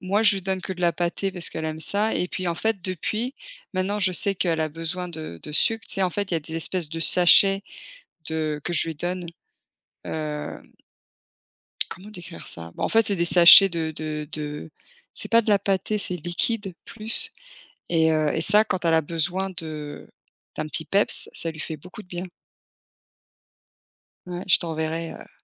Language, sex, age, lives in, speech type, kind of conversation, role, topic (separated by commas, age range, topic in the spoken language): French, female, 40-44, United States, conversation, unstructured, none, Qu’est-ce qui te fait sourire même quand ça ne va pas ?